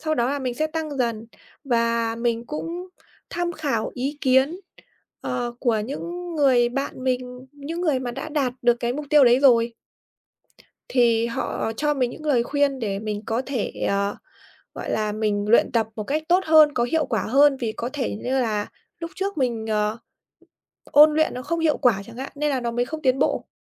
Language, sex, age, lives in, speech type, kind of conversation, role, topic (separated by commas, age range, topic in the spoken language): Vietnamese, female, 25-29, Vietnam, conversation, podcast, guest, Làm sao bạn giữ được kiên trì khi không thấy tiến bộ?